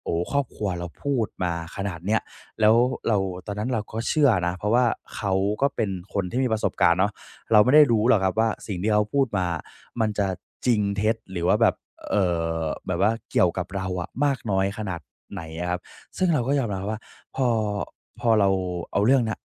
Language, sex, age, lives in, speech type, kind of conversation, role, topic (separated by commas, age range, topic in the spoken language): Thai, male, 20-24, Thailand, conversation, podcast, guest, ความคาดหวังจากบ้านมีผลต่อชีวิตคุณมากแค่ไหน?